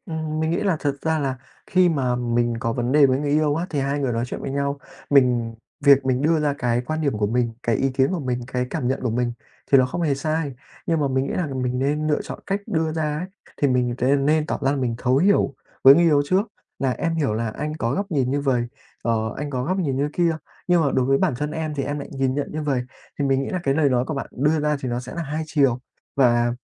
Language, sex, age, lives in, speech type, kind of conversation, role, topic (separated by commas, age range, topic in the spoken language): Vietnamese, male, 20-24, Vietnam, conversation, advice, advisor, Làm sao để kiểm soát cảm xúc khi tranh cãi với người yêu để tránh làm tổn thương nhau?